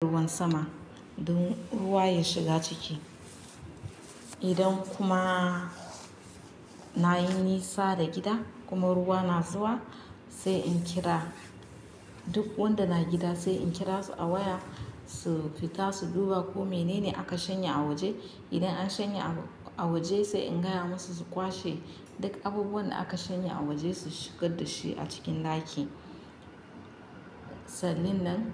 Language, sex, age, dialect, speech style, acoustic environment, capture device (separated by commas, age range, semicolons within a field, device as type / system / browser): Hausa, female, 25-34, Nigeria - Nigerian Standard, relaxed, nature, mobile / Linux / Chrome